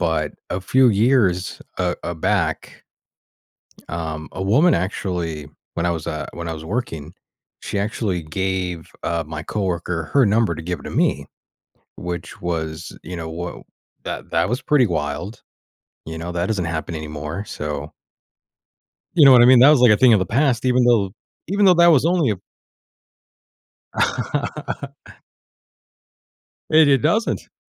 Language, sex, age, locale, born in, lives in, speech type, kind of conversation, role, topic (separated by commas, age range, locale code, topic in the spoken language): English, male, 35-39, en-US, United States, United States, conversation, podcast, guest, In what ways has technology shaped our connections and relationships with others?